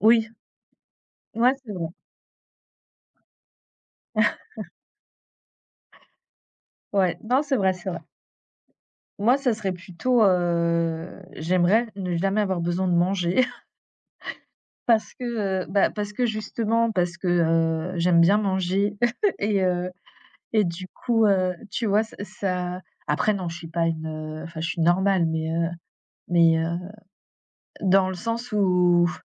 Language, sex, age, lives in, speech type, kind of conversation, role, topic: French, female, 35-39, France, conversation, unstructured, none, Préféreriez-vous ne jamais avoir besoin de dormir ou ne jamais avoir besoin de manger ?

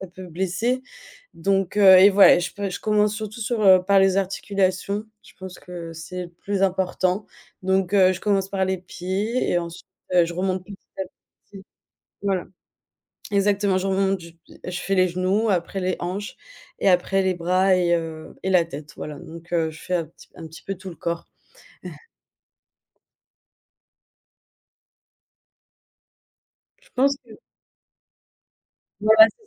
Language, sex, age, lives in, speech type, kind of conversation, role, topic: French, female, 25-29, Germany, conversation, podcast, guest, Quelle est ta routine de remise en forme quand tu as peu de temps ?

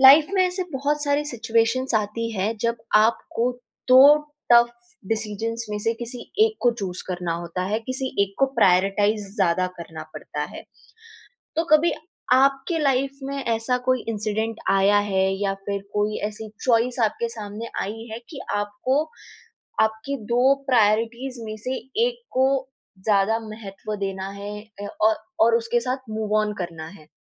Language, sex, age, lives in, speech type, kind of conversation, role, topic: Hindi, female, 20-24, India, conversation, podcast, host, Sukhe aur baarish ke baad tumhari zindagi ki priorities kaise badli?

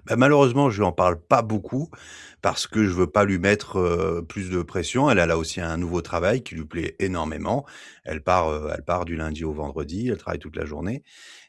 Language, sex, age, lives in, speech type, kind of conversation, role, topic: French, male, 40-44, France, conversation, advice, user, Comment mieux équilibrer mon repos et mes activités personnelles ?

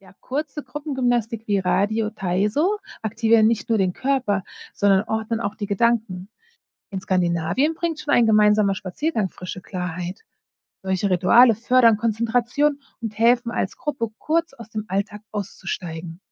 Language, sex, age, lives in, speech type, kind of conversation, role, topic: German, female, 40-44, United States, read, expert-assistant, expert, Wie kann ich aus anderen Kulturen lernen, um gesündere Gewohnheiten im Alltag zu entwickeln?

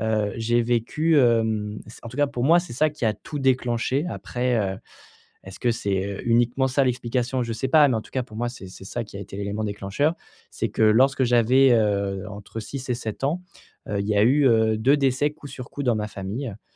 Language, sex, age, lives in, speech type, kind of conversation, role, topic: French, male, 25-29, France, conversation, advice, user, Comment puis-je reconstruire ma confiance en moi après une rupture ?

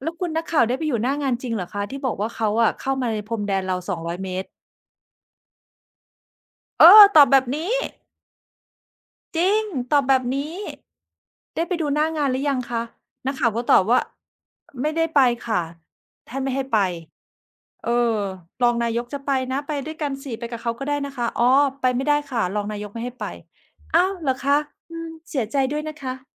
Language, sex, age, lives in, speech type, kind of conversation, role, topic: Thai, female, 45-49, Thailand, conversation, unstructured, none, ทำไมผู้คนจึงมักไม่เชื่อมั่นในนักการเมือง?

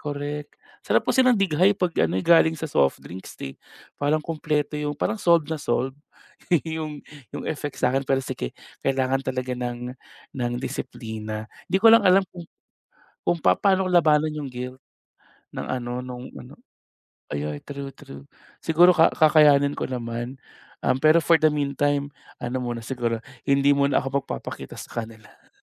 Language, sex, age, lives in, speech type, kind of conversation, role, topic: Filipino, male, 30-34, Philippines, conversation, advice, user, Bakit mo iniiwasan ang mga pagtitipon dahil natatakot kang husgahan ng iba?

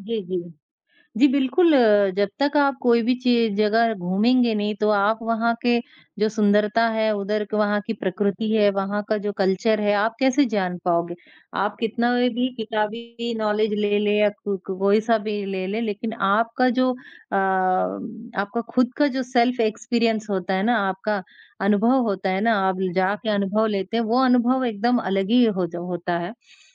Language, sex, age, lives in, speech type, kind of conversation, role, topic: Hindi, male, 18-19, India, conversation, unstructured, none, आपकी पसंदीदा यात्रा की जगह कौन-सी है?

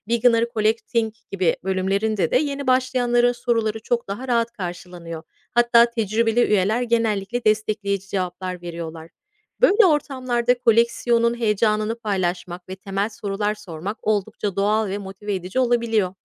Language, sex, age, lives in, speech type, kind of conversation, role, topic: Turkish, female, 35-39, United States, read, expert-assistant, expert, Koleksiyon hobisini iş, aile ve günlük hayata nasıl dahil edebilirim?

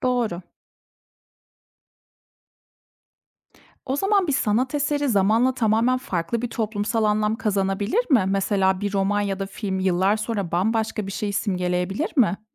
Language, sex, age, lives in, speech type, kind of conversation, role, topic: Turkish, female, 30-34, Germany, read, expert-assistant, asker, Bir romanı okurken yazarın mı yoksa okurun yorumu daha önemli? Hangisinin doğru olduğuna nasıl karar verebiliriz?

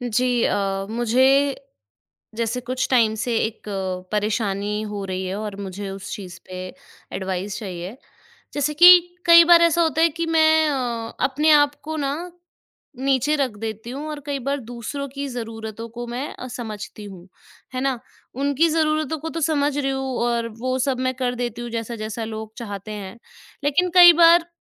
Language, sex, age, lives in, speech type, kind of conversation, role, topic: Hindi, female, 25-29, India, conversation, advice, user, आप अक्सर अपनी ज़रूरतों से पहले दूसरों की ज़रूरतों को क्यों रखते हैं?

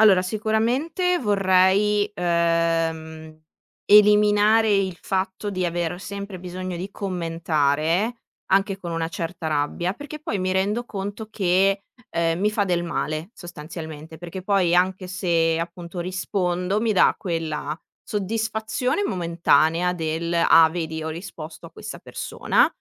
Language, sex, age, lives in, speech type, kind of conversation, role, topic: Italian, female, 25-29, Italy, conversation, advice, user, Perché vuoi modificare la tua immagine sui social per apparire accettabile?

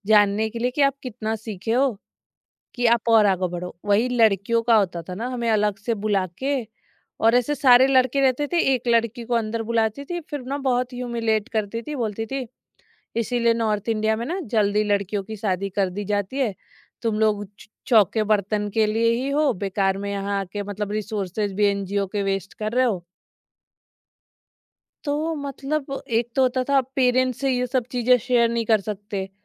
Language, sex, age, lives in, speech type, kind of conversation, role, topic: Hindi, female, 30-34, India, conversation, podcast, guest, Tumhara sabse yaadgaar mentor ke saath ka anubhav kya raha hai?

